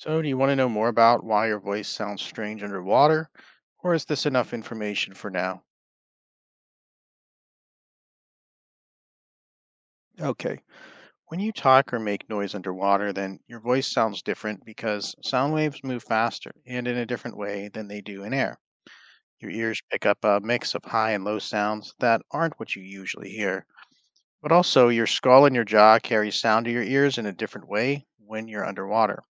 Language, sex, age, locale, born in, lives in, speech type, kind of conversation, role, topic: English, male, 35-39, en-US, United States, United States, read, expert-assistant, expert, What causes sound to travel and how do we hear it?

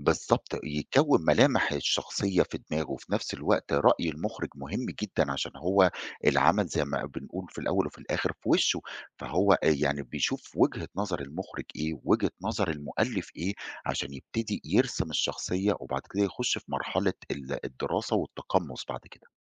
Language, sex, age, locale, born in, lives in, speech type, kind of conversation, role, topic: Arabic, male, 40-44, ar-EG, Egypt, Egypt, conversation, podcast, guest, إيه اللي بيخليك تتعلق بشخصية في فيلم؟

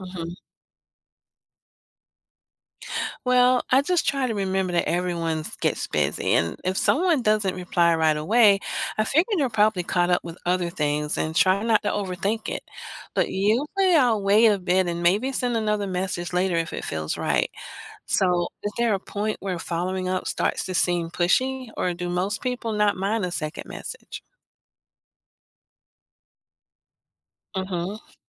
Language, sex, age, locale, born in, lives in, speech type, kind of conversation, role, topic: English, female, 50-54, en-US, United States, United States, read, expert-assistant, asker, What daily habits strengthen relationships with loved ones?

